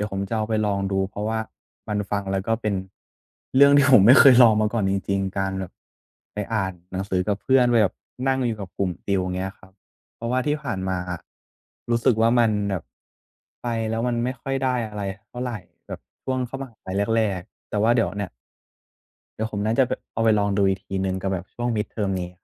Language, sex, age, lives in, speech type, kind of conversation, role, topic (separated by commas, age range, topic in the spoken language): Thai, male, 20-24, Thailand, conversation, advice, user, ฉันจะทำอย่างไรเพื่อรักษาแรงจูงใจของตัวเองให้ยาวนาน?